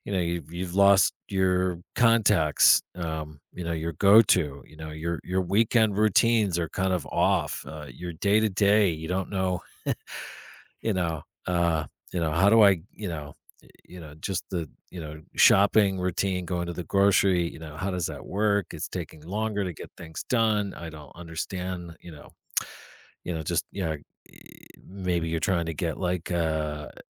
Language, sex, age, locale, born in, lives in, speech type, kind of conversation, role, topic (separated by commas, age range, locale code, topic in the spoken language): English, male, 45-49, en-US, United States, United States, conversation, advice, advisor, How can I meet new people and feel less lonely after moving to a new city?